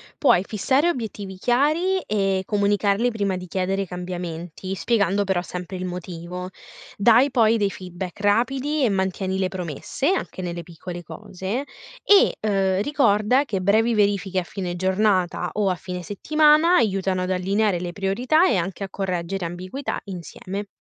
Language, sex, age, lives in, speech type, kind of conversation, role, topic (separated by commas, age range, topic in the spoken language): Italian, female, 20-24, Italy, read, expert-assistant, expert, Quali segnali mi dicono che devo cambiare qualcosa nel mio stile di leadership?